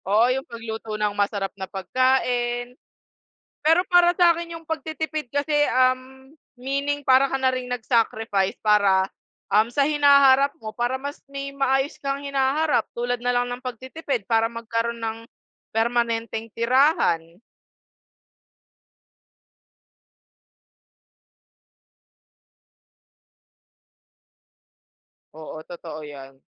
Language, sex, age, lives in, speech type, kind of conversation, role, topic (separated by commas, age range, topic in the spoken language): Filipino, female, 20-24, Philippines, conversation, unstructured, none, Ano ang pinakamasayang naramdaman mo matapos makapagtipid?